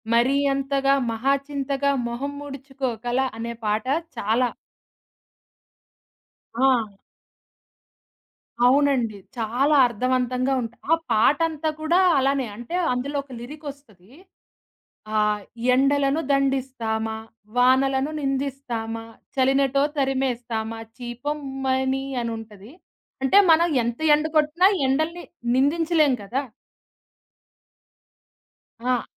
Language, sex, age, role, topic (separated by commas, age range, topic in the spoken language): Telugu, female, 20-24, guest, సంగీతంతో సంబంధం ఉన్న మీ మధుర జ్ఞాపకాలు ఏవైనా ఉన్నాయా?